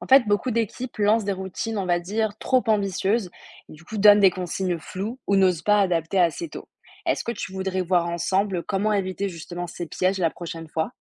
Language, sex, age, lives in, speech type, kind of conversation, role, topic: French, female, 20-24, France, read, expert-assistant, expert, Pourquoi avons-nous tendance à répéter des habitudes, même celles que nous voudrions changer ?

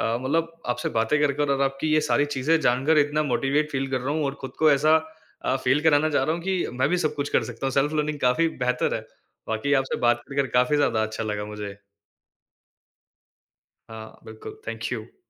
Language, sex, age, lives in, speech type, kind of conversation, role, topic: Hindi, male, 20-24, India, conversation, podcast, host, Tumne khud se seekhna kab aur kaise shuru kiya, thoda bata sakte ho?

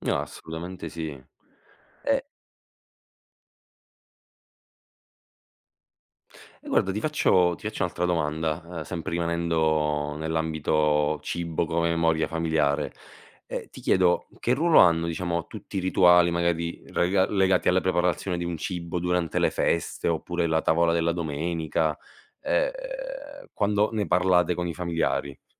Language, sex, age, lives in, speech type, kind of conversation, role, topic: Italian, male, 25-29, Italy, conversation, podcast, host, Qual è il ruolo del cibo nella memoria familiare?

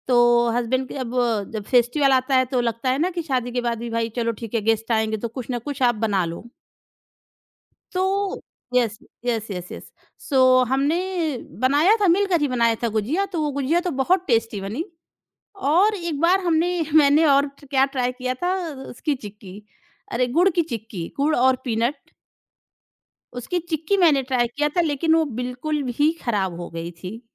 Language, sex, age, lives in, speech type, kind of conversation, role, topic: Hindi, female, 30-34, India, conversation, podcast, guest, Kya kabhi kisi ajeeb se food combination ka taste aapko unexpectedly bahut achha laga hai?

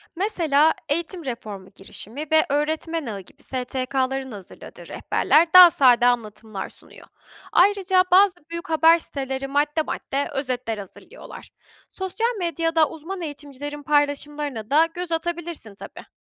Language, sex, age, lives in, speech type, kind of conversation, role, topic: Turkish, female, 25-29, Germany, read, expert-assistant, expert, Eğitim politikaları hakkında güvenilir bilgiye ulaşmak isteyen biri nereden başlamalı?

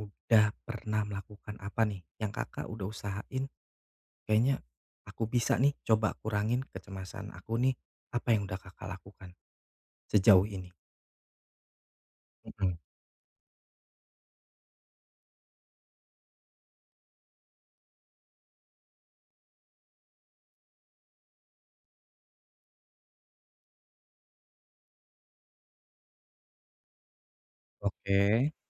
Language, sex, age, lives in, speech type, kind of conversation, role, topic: Indonesian, male, 35-39, Indonesia, conversation, advice, advisor, Mengapa saya sering terbangun berkali-kali pada malam hari karena cemas menjelang acara penting?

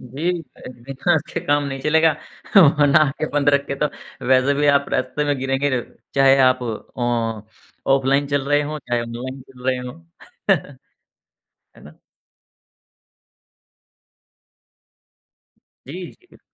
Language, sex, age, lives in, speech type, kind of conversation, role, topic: Hindi, male, 35-39, India, conversation, podcast, guest, Aane wale waqt mein apni online privacy ko kaise surakshit rakhenge, tumhare kya ideas hain?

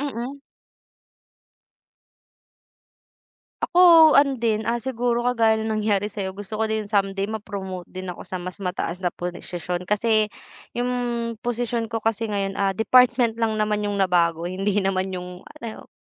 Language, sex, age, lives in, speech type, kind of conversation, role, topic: Filipino, female, 20-24, Philippines, conversation, unstructured, none, Ano ang pinakabagong balitang nagpasaya sa iyo kamakailan?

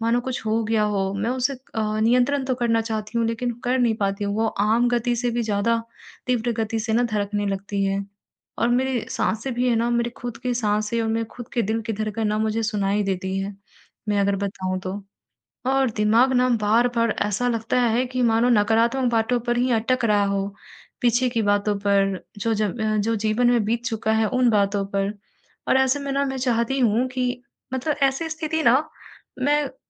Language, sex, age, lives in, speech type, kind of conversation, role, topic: Hindi, female, 55-59, India, conversation, advice, user, तुरंत तनाव कम करने के लिए कौन-सी श्वसन तकनीक मदद करती है?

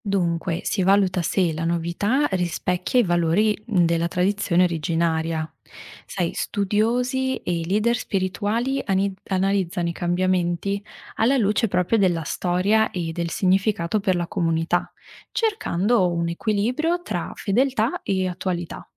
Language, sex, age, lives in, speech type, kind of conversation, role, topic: Italian, female, 25-29, Italy, read, expert-assistant, expert, Se voglio conoscere le religioni del mondo, da quali aspetti dovrei partire per capire meglio le differenze e le somiglianze?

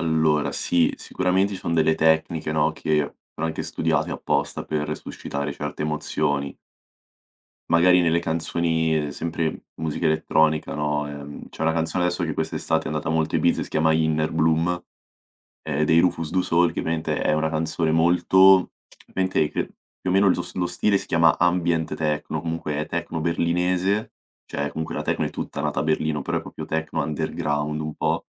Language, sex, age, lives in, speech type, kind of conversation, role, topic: Italian, male, 18-19, Italy, conversation, podcast, guest, I ricordi legati a una canzone cambiano il tuo modo di sentirla?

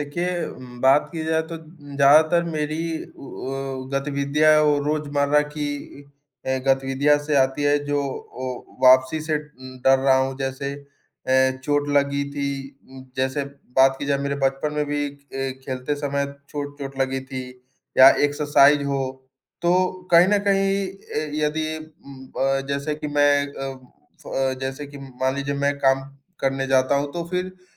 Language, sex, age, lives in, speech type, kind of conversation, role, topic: Hindi, male, 20-24, India, conversation, advice, user, चोट के बाद अपनी गतिविधियों में वापस लौटने से आपको किस बात का डर लग रहा है?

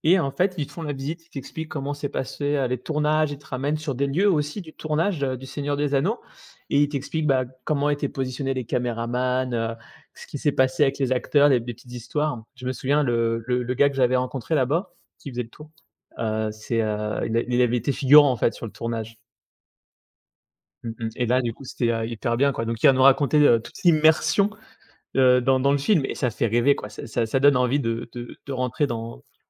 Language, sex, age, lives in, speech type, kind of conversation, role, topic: French, male, 30-34, France, conversation, podcast, host, Quel univers de fiction aimerais-tu visiter pour de vrai ?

